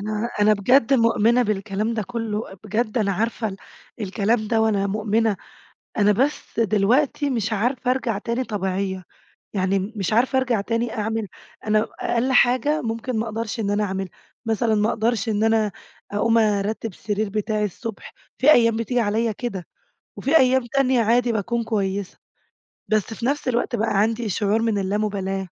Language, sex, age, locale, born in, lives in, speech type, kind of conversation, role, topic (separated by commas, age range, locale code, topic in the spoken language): Arabic, female, 20-24, ar-EG, Egypt, Greece, conversation, advice, user, إزاي أقدر أبطل أوعد نفسي بحاجات ومابنَفّذهاش، وكمان أحقق الأهداف الصغيرة اللي ببدأها؟